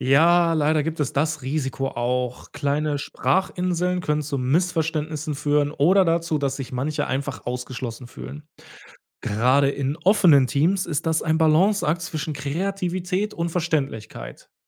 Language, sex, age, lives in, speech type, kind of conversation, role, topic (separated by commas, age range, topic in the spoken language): German, male, 30-34, Germany, read, expert-assistant, expert, Was würde passieren, wenn Sprachen keine festen Grammatikregeln mehr hätten – wie würden wir uns noch verständigen?